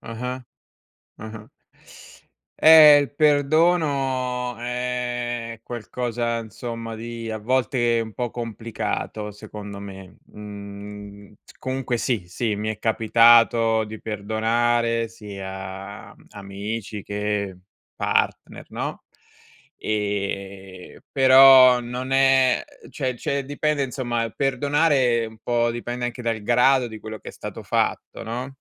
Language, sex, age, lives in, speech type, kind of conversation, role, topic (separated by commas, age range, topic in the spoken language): Italian, male, 40-44, Italy, conversation, unstructured, none, In che modo il perdono può aiutare a risolvere un conflitto?